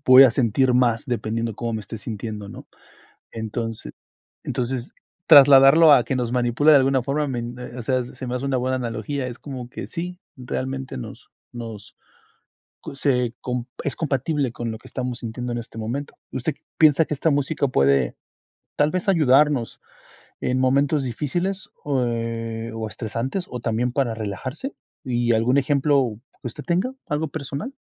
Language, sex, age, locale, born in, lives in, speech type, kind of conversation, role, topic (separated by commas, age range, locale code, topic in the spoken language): Spanish, male, 40-44, es-MX, Mexico, United States, conversation, unstructured, none, ¿Cómo crees que la música influye en nuestro estado de ánimo?